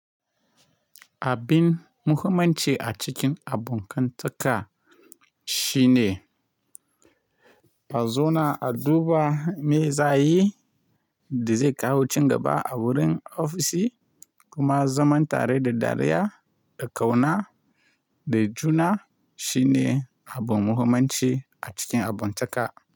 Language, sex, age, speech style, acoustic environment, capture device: Hausa, male, 25-34, relaxed; happy, silence; nature, mobile / Linux / Chrome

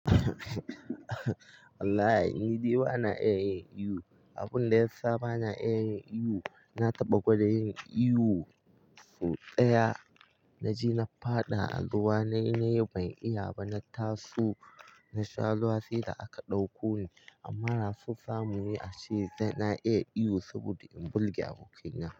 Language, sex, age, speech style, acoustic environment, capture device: Hausa, male, 18-24, relaxed; focused, silence, mobile / Linux / Chrome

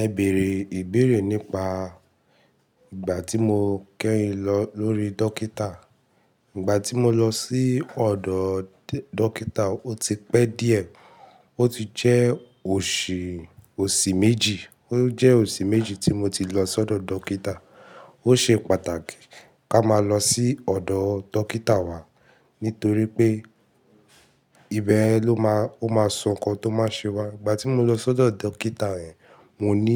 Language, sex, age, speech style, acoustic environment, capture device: Yoruba, male, 18-24, happy, silence, mobile / Linux / Chrome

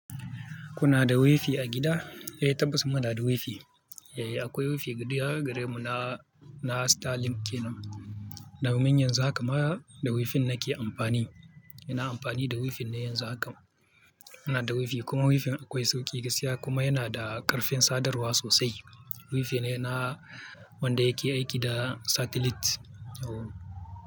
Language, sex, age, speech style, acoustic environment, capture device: Hausa, male, 25-34, happy; focused, people talking; traffic; music; nature, mobile / Linux / Chrome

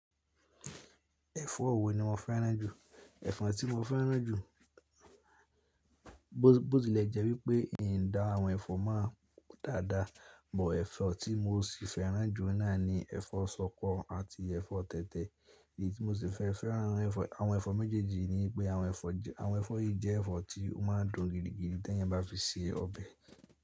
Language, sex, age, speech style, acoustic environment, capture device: Yoruba, male, 18-24, stressed, silence, mobile / Linux / Chrome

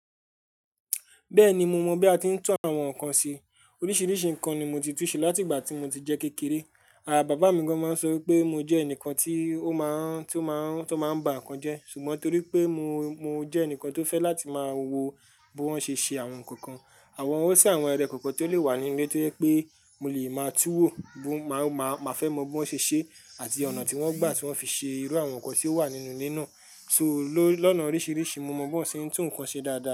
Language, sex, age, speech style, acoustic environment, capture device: Yoruba, male, 18-24, happy; relaxed; focused, people talking; music; nature; appliances, mobile / Linux / Chrome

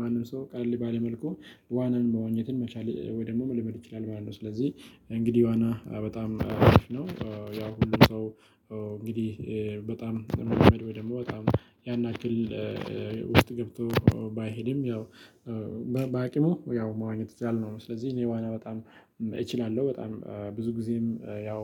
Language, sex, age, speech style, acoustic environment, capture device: Amharic, male, 25-34, happy; relaxed; focused; excited, silence, mobile / Linux / Chrome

